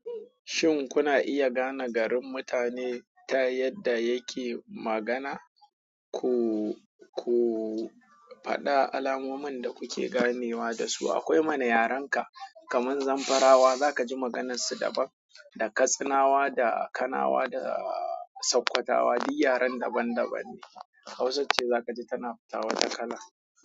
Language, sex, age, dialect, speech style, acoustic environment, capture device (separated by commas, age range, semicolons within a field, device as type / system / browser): Hausa, male, 25-34, Nigeria - Kano, happy; excited, people talking; silence, mobile / Android / Chrome